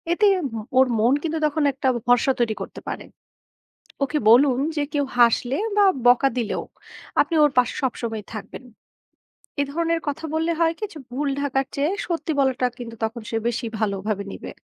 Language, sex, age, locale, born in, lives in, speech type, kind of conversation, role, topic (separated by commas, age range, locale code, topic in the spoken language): Bengali, female, 20-24, bn-BD, Bangladesh, Bangladesh, read, expert-assistant, expert, শিশুরা কিভাবে নিজের ভুল স্বীকার করতে শিখতে পারে